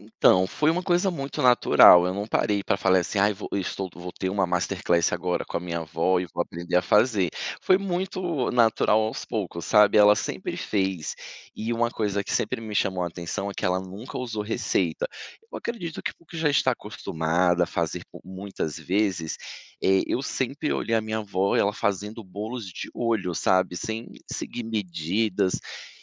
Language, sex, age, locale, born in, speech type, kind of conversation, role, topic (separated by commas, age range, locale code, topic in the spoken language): Portuguese, male, 35-39, pt-BR, Brazil, conversation, podcast, guest, Qual é o seu prato caseiro favorito?